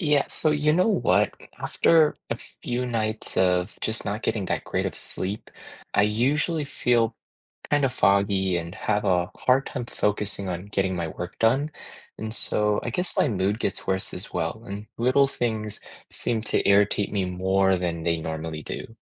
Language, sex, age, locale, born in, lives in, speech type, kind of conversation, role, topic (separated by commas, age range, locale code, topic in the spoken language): English, male, 25-29, en-US, United States, United States, read, expert-assistant, asker, How does sleep affect our health and well-being?